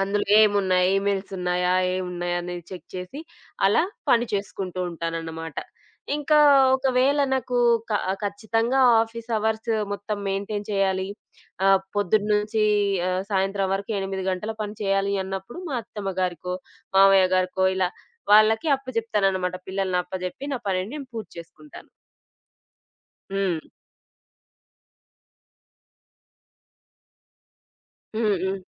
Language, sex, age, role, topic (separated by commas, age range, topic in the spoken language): Telugu, female, 25-29, guest, ఇంట్లో చిన్న పిల్లలు ఉన్నప్పుడు మీరు పని సమయాన్ని ఎలా సర్దుబాటు చేసుకుంటారు?